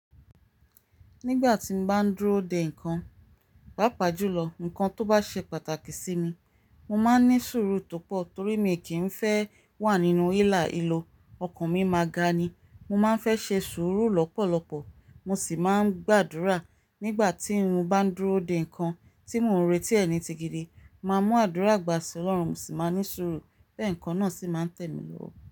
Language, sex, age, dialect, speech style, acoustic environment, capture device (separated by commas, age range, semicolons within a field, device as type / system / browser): Yoruba, female, 35-44, Nigeria - Ibadan, relaxed, nature; silence, mobile / Linux / Chrome